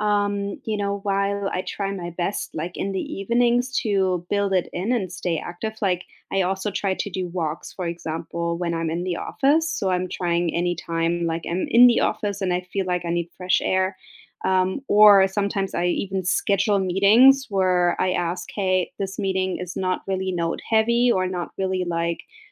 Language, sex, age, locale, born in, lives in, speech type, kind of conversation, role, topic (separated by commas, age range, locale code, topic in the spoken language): English, female, 35-39, en-DE, Germany, United States, conversation, unstructured, none, How has being active made a difference in your life?